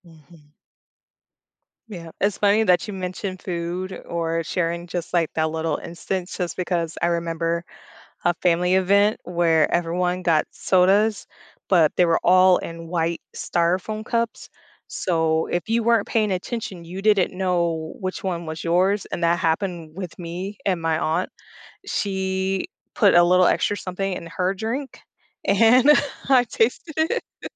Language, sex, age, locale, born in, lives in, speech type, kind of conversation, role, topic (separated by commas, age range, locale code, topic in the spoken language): English, female, 30-34, en-US, United States, United States, conversation, advice, user, How can I feel less nervous meeting new people?